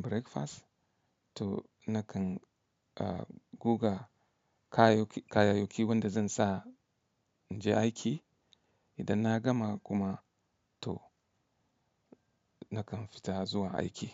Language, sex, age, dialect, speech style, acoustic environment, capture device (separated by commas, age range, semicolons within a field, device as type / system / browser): Hausa, male, 35-44, Nigeria - Katsina, relaxed, nature, mobile / Linux / Chrome